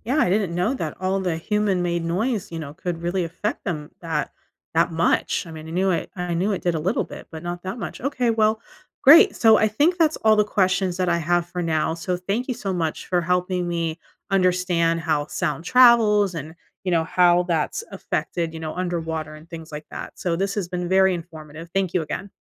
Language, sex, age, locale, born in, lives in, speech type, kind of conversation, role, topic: English, female, 40-44, en-US, United States, United States, read, expert-assistant, asker, How does sound travel from one place to another?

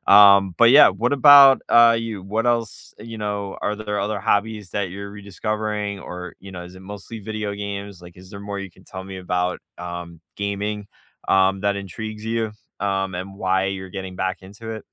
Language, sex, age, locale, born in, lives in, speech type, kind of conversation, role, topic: English, male, 40-44, en-US, United States, United States, conversation, unstructured, none, What childhood hobby are you rediscovering as an adult?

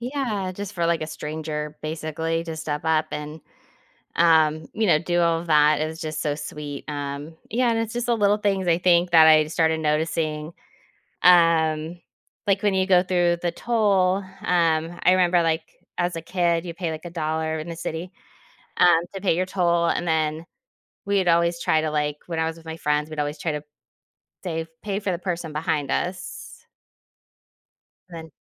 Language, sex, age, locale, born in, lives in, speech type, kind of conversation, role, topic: English, female, 40-44, en-US, United States, United States, conversation, advice, user, How can I notice and appreciate small acts of kindness in my daily life?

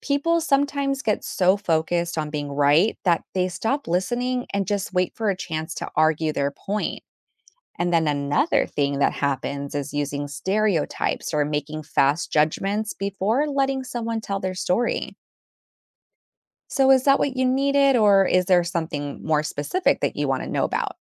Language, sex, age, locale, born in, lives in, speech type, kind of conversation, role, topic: English, female, 35-39, en-US, United States, United States, read, expert-assistant, expert, What are the benefits of learning from people who are different from you?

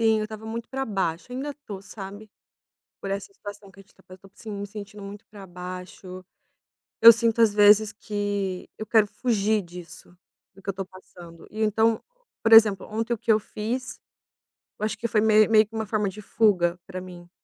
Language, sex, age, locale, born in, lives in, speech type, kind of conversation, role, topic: Portuguese, female, 30-34, pt-BR, Brazil, Italy, conversation, advice, user, Como posso parar de buscar prazer imediato ao consumir comida ou mídias?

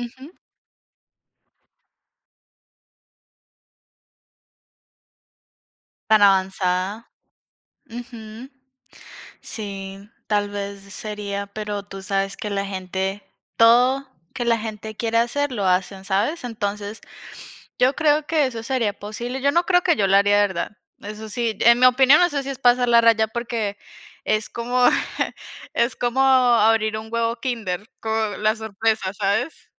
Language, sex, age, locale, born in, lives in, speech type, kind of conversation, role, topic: Spanish, female, 20-24, es-CO, Colombia, United States, conversation, unstructured, none, ¿Si pudieras viajar en el tiempo por un día, elegirías ir al pasado o al futuro?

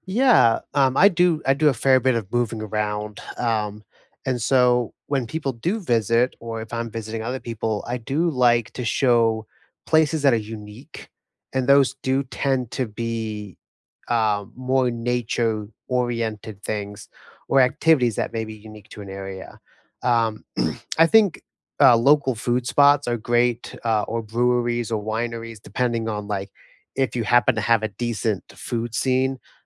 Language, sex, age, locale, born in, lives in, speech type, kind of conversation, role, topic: English, male, 25-29, en-US, United States, United States, conversation, unstructured, none, What local spots do you love sharing with friends?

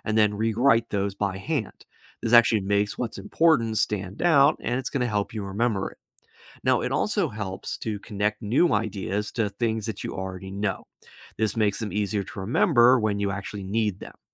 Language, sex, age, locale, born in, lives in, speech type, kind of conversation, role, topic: English, male, 30-34, en-US, United States, United States, read, expert-assistant, expert, What are some effective ways to improve your memory for studying?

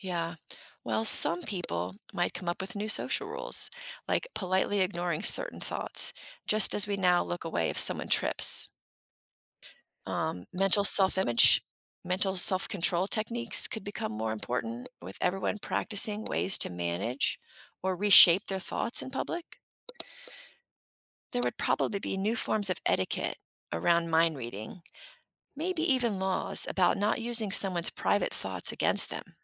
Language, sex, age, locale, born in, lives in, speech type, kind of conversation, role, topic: English, female, 55-59, en-US, United States, United States, read, expert-assistant, expert, What if we could read each other's thoughts?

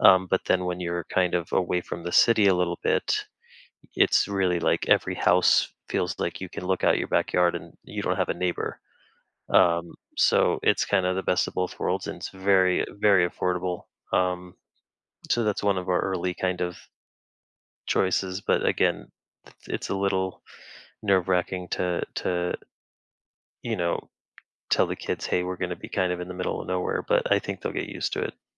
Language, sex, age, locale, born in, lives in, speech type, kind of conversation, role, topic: English, male, 35-39, en-US, United States, United States, conversation, advice, user, How do I make a major life decision when I'm feeling uncertain?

